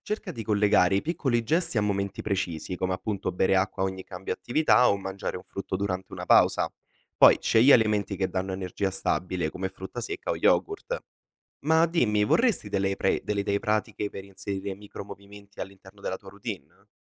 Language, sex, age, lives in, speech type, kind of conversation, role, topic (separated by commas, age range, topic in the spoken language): Italian, male, 20-24, Italy, read, expert-assistant, expert, Nel valutare cosa sia più urgente per il benessere quotidiano, è più importante concentrarsi sul sonno, sull’alimentazione o sull’attività fisica? Perché?